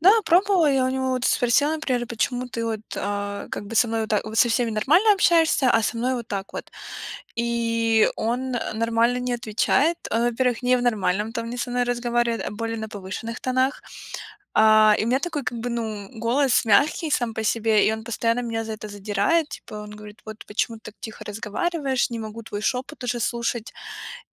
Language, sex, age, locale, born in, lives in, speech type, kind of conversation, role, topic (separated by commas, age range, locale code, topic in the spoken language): Russian, female, 25-29, ru-RU, Ukraine, United States, conversation, advice, user, Как вы переживаете страх просить о помощи на работе или в семье?